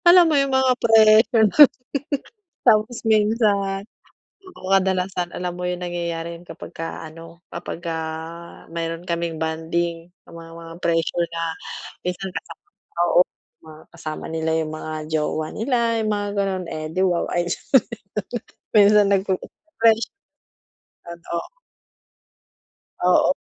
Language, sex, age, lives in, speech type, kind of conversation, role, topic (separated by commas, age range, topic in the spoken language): Filipino, female, 40-44, Philippines, conversation, advice, user, Paano ko haharapin ang presyur mula sa mga malalapit kong kaibigan?